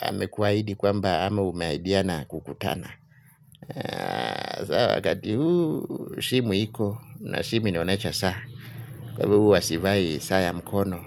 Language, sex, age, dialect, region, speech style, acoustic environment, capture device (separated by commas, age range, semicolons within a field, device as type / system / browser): Swahili, male, 35-44, Kenya - Mombasa, Kenya, happy, people talking; traffic, mobile / Linux / Chrome